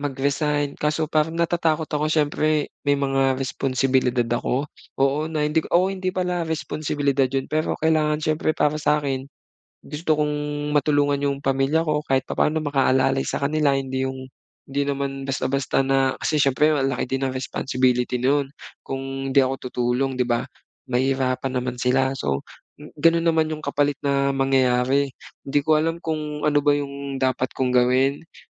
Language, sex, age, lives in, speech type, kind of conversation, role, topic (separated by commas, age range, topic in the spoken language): Filipino, male, 25-29, Philippines, conversation, advice, user, Paano ko maibabalik ang motibasyon kapag nawawalan ako ng sigla?